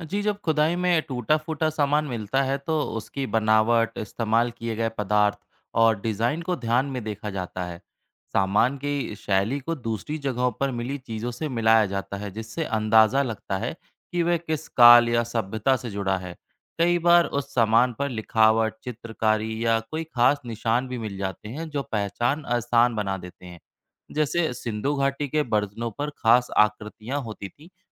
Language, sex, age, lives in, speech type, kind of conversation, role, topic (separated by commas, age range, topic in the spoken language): Hindi, male, 30-34, India, read, expert-assistant, expert, पुरातत्वविद पुरानी चीज़ों की पहचान और खोज कैसे करते हैं?